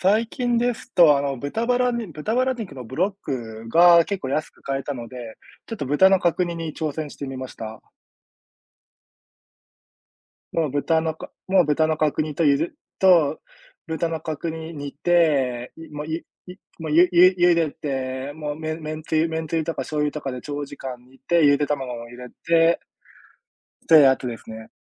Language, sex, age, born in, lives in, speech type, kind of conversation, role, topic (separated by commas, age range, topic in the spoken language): Japanese, male, 30-34, Japan, Japan, conversation, unstructured, none, 料理は普段ご自分でされますか？